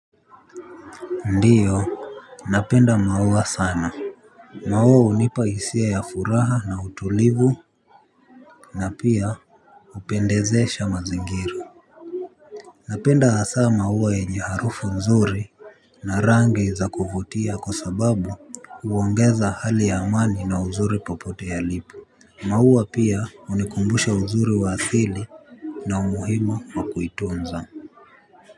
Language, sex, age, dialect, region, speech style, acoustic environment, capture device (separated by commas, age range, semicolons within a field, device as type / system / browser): Swahili, male, 18-24, Kenya - Nairobi Sheng-influenced, Kenya, focused; relaxed, traffic; people talking, mobile / Linux / Chrome